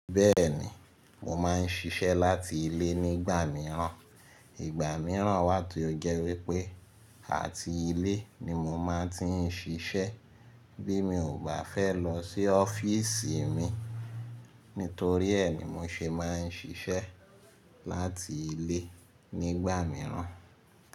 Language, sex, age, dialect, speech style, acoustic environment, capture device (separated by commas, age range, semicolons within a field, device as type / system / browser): Yoruba, male, 25-34, Nigeria - Lagos Yoruba, excited, silence, mobile / Linux / Chrome